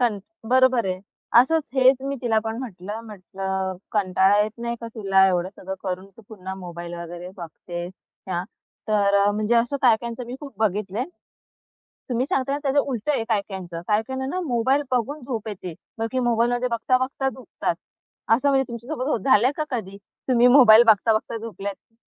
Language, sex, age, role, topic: Marathi, female, 25-29, host, काम आणि विश्रांती वेगवेगळी ठेवण्यासाठी तुम्ही कोणते नियम पाळता?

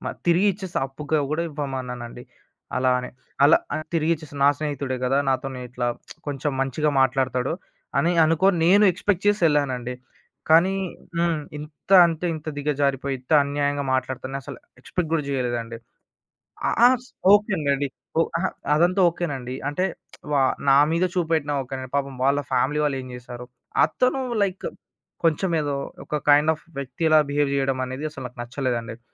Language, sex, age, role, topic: Telugu, male, 20-24, guest, ఎవరైనా మీకు అన్యాయం చేస్తే, మీరు దాన్ని ఎలా చెప్పి నిలదీయుతారు?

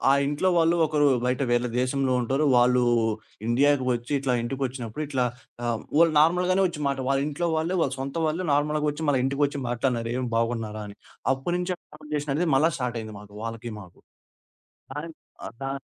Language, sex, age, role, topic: Telugu, male, 20-24, guest, మానసిక గాయాల నుంచి మీరు ఎలా కోలుకుంటారు?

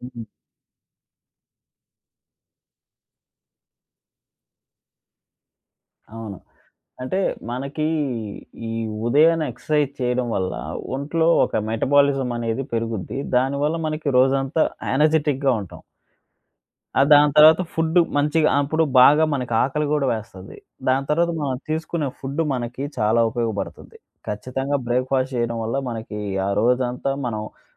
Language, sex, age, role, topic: Telugu, male, 25-29, host, ప్రతి రోజు ప్రారంభంలో మీరు పాటించే చిన్న దినచర్య ఏదైనా ఉందా?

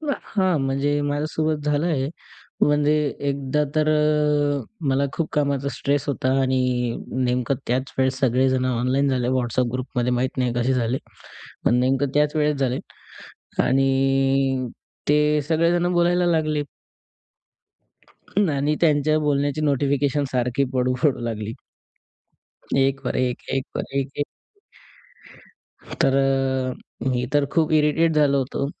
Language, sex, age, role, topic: Marathi, male, 20-24, guest, संवादात सातत्य ठेवणं का गरजेचं आहे?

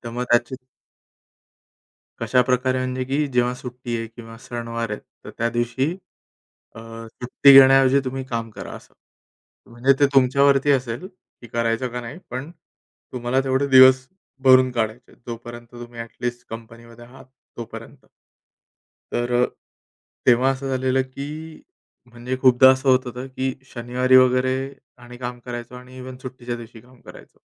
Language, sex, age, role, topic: Marathi, male, 30-34, guest, बर्नआउटची लवकर ओळख कशी करावी?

